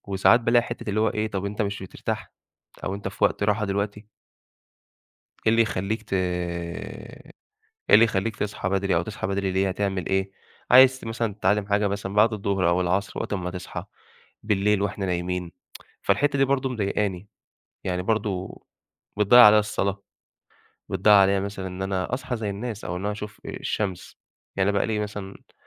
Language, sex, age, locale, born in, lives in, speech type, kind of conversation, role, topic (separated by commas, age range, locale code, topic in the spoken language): Arabic, male, 25-29, ar-EG, Egypt, Egypt, conversation, advice, user, ليه مش قادر ألتزم بروتين صباحي ثابت؟